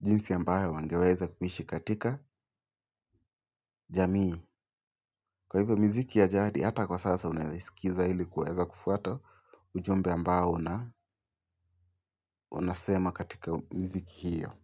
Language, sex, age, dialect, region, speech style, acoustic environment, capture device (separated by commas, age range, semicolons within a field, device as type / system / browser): Swahili, male, 18-24, Kenya - Mombasa, Kenya, focused, silence, mobile / Linux / Chrome